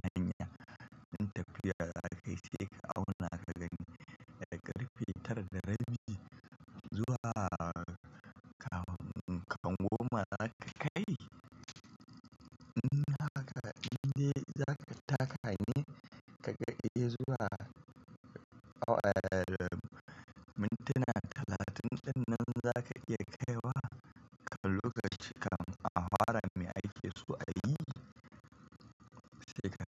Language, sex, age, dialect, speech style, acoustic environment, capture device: Hausa, male, 25-34, Nigeria - Kano, happy; focused, traffic; construction; appliances; people talking; nature, mobile / Linux / Chrome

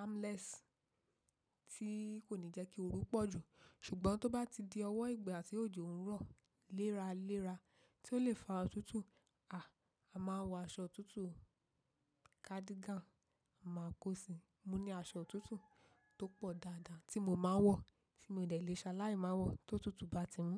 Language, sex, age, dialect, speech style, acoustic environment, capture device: Yoruba, female, 25-34, Nigeria - Ibadan, focused, nature, mobile / macOS / Safari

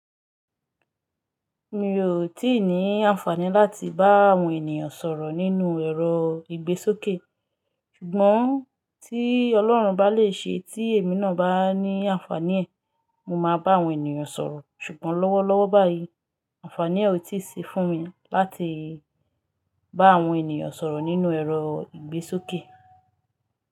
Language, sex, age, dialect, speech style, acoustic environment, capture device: Yoruba, female, 25-34, Nigeria - Lagos Yoruba, relaxed, nature, mobile / Linux / Chrome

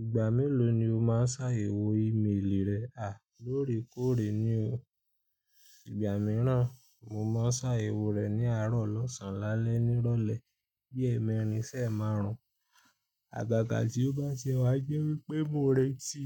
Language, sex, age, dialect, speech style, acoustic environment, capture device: Yoruba, male, 25-34, Nigeria - Ibadan, happy; anxious; nervous; excited, people talking; music; traffic; construction, mobile / Linux / Chrome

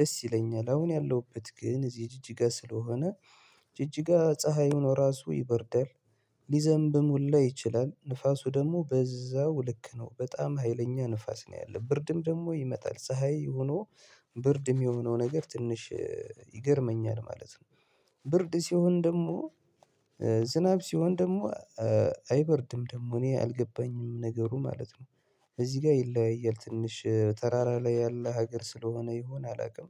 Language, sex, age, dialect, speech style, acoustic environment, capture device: Amharic, male, 25-34, Ethiopia - Gondar, focused, silence, mobile / Linux / Chrome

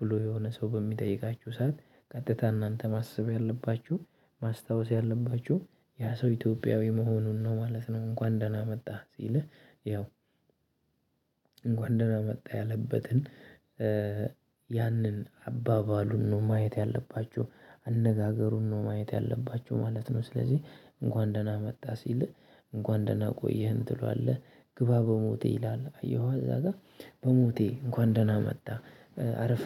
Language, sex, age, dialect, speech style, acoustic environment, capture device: Amharic, male, 25-34, Ethiopia - Addis Ababa, happy, people talking; nature, mobile / Linux / Chrome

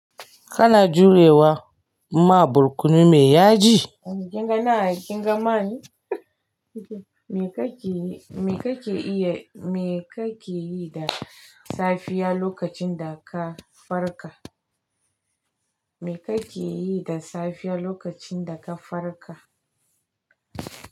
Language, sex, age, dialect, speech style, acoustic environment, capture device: Hausa, female, 45-54, Nigeria - Kano, happy; focused, nature; silence; appliances, mobile / Linux / Chrome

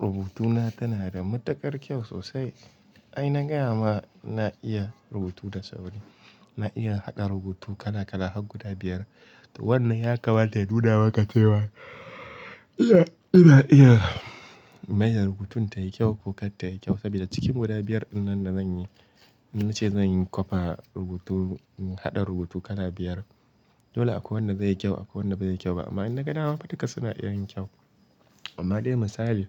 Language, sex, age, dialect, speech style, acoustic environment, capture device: Hausa, male, 18-24, Nigeria - Kano, relaxed, silence, mobile / Linux / Chrome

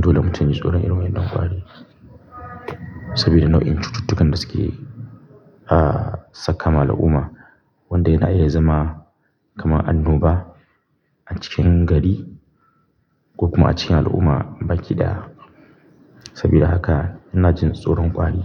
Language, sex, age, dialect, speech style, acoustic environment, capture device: Hausa, male, 25-34, Nigeria - Kano, happy; focused, silence; nature, mobile / Linux / Chrome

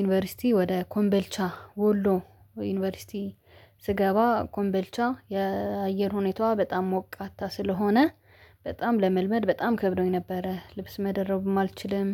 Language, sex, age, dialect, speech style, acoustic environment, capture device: Amharic, female, 25-34, Ethiopia - Addis Ababa, happy, nature, mobile / Linux / Chrome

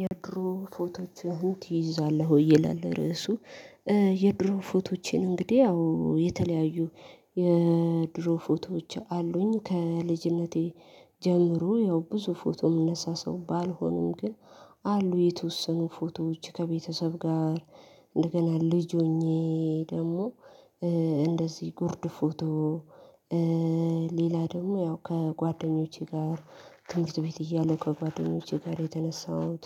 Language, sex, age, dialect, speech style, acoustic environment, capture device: Amharic, female, 25-34, Ethiopia - Addis Ababa, happy, silence, mobile / Linux / Chrome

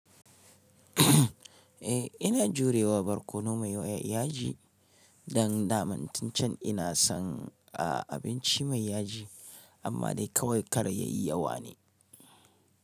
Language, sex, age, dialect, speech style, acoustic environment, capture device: Hausa, male, 35-44, Nigeria - Kano, relaxed; excited; happy, silence; nature, mobile / Linux / Chrome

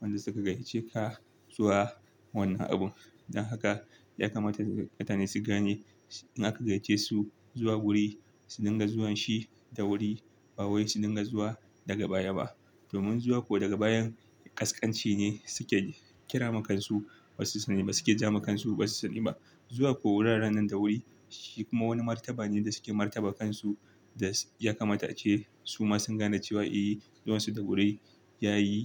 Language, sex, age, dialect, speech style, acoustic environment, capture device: Hausa, male, 25-34, Nigeria - Kano, focused, silence, desktop / Linux / Chrome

